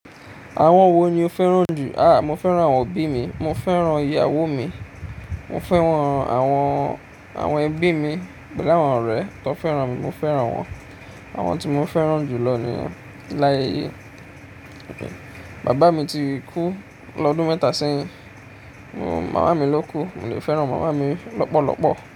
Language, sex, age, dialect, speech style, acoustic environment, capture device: Yoruba, male, 25-34, Nigeria - Ijebu, excited; focused; happy, appliances; nature, mobile / Linux / Chrome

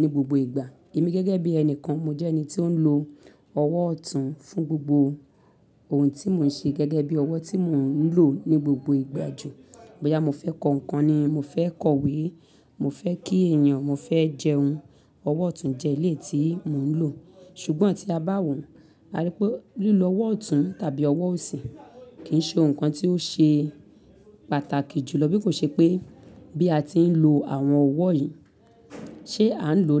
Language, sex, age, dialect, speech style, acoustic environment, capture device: Yoruba, female, 35-44, Nigeria - Lagos Yoruba, happy; excited; focused; relaxed, silence, mobile / Linux / Chrome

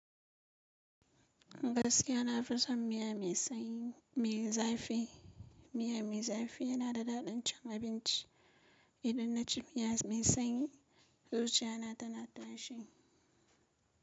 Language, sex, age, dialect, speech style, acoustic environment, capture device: Hausa, female, 25-34, Nigeria - Kano, focused, silence, mobile / Linux / Chrome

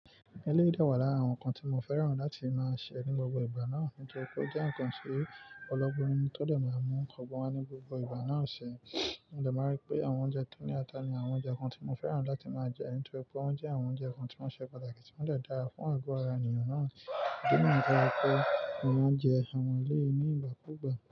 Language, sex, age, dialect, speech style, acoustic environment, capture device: Yoruba, male, 25-34, Nigeria - Nigerian Standard, excited, nature, mobile / Linux / Chrome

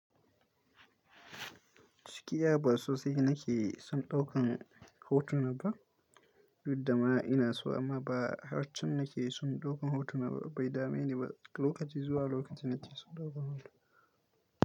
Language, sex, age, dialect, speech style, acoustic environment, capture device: Hausa, male, 18-24, Nigeria - Kano, focused, nature; silence, mobile / Linux / Chrome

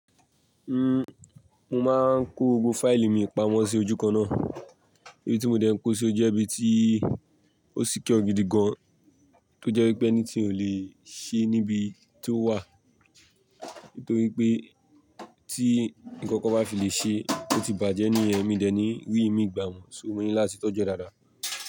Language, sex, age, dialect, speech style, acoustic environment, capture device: Yoruba, male, 18-24, Nigeria - Lagos Yoruba, tired, people talking, mobile / Linux / Chrome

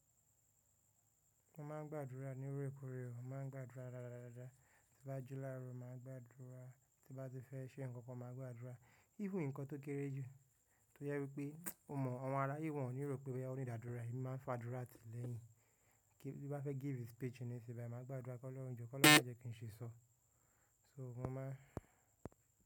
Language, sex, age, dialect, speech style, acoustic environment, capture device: Yoruba, male, 18-24, Nigeria - Nigerian Standard, relaxed, nature, mobile / macOS / Safari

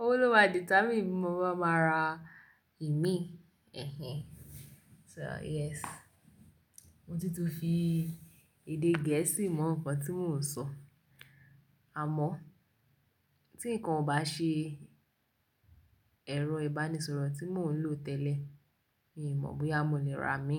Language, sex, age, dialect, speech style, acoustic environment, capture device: Yoruba, female, 18-24, Nigeria - Lagos Yoruba, relaxed, nature, mobile / Linux / Chrome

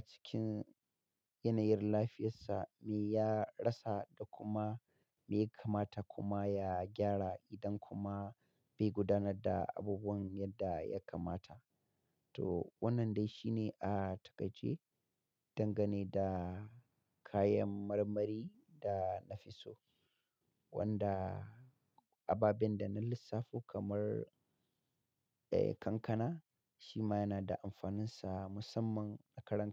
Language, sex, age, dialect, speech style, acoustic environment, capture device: Hausa, male, 35-44, Nigeria - Katsina, happy; relaxed; excited; focused, nature; silence, mobile / Linux / Chrome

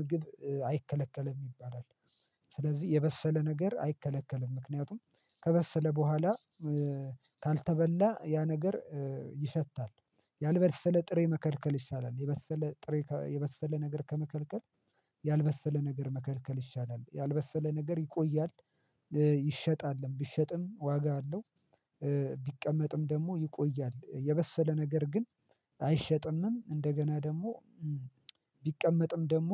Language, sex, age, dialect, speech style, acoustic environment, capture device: Amharic, male, 25-34, Ethiopia - Addis Ababa, relaxed; excited; focused; happy, silence, mobile / Linux / Chrome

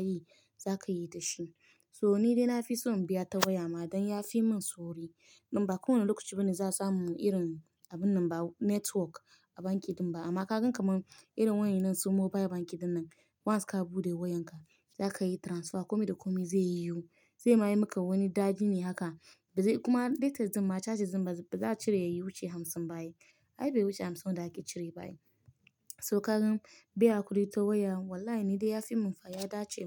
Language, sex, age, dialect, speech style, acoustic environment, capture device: Hausa, female, 25-34, Nigeria - Nigerian Standard, relaxed; happy; excited; focused, silence, mobile / Linux / Chrome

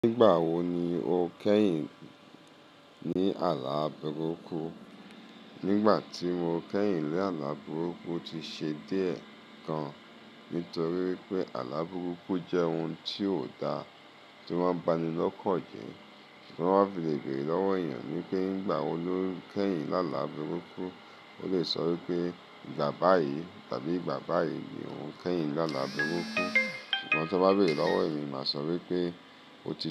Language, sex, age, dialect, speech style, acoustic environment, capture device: Yoruba, male, 35-44, Nigeria - Lagos Yoruba, focused, appliances, mobile / Linux / Chrome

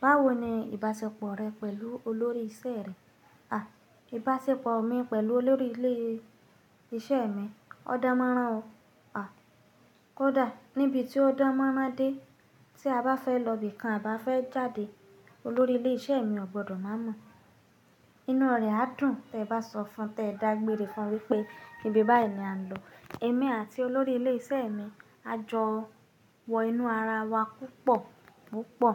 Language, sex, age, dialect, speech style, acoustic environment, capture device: Yoruba, female, 25-34, Nigeria - Nigerian Standard, happy, people talking, mobile / Linux / Chrome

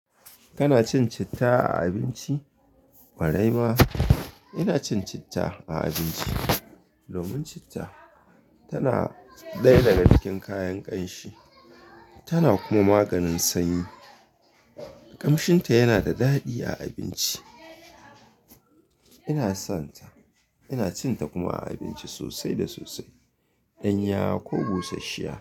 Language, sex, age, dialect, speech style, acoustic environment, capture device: Hausa, male, 25-34, Nigeria - Kano, happy, silence, mobile / Linux / Chrome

